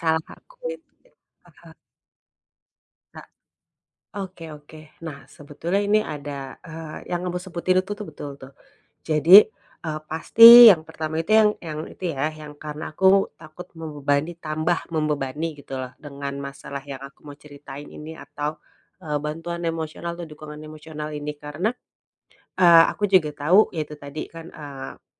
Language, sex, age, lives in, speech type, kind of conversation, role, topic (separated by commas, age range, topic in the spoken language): Indonesian, female, 35-39, Indonesia, conversation, advice, user, Bagaimana cara meminta dukungan emosional tanpa merasa canggung?